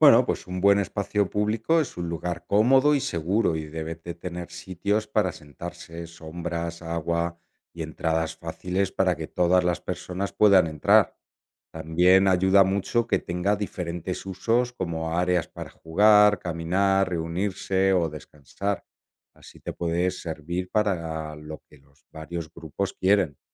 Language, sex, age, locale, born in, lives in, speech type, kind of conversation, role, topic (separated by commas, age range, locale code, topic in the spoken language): Spanish, male, 45-49, es-ES, Spain, Italy, read, expert-assistant, expert, ¿Qué importancia tiene la arquitectura en la vida de las ciudades?